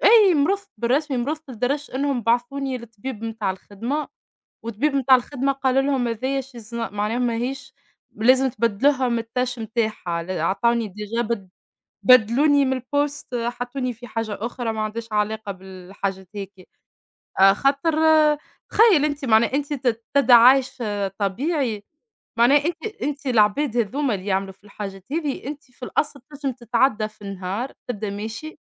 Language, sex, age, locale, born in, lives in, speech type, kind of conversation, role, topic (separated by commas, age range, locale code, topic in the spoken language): Arabic, female, 25-29, ar-TN, Tunisia, Portugal, conversation, unstructured, none, إنت شايف إن الشغل بيأثر على صحتك النفسية؟